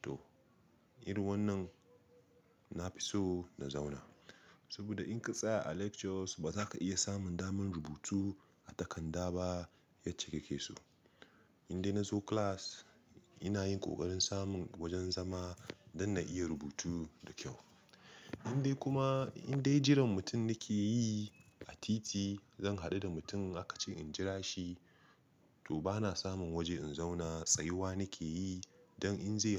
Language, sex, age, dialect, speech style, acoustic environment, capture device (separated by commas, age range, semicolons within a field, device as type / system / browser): Hausa, male, 25-34, Nigeria - Nigerian Standard, happy, silence, mobile / Linux / Chrome